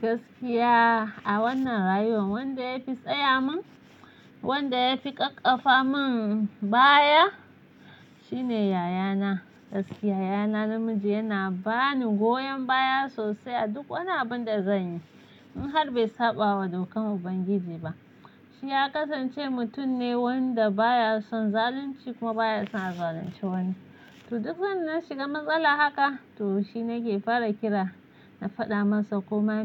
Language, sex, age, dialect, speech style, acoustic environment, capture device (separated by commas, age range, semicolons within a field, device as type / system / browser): Hausa, female, 25-34, Nigeria - Nigerian Standard, happy; focused; relaxed, silence; nature, mobile / Linux / Chrome